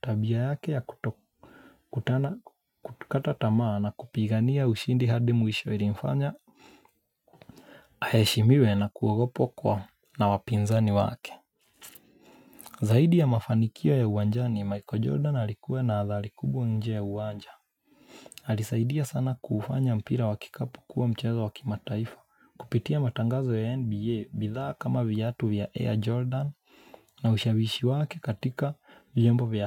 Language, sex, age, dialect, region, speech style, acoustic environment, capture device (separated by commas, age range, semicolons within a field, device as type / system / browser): Swahili, male, 25-34, Kenya - Mombasa, Kenya, relaxed, nature, mobile / Linux / Chrome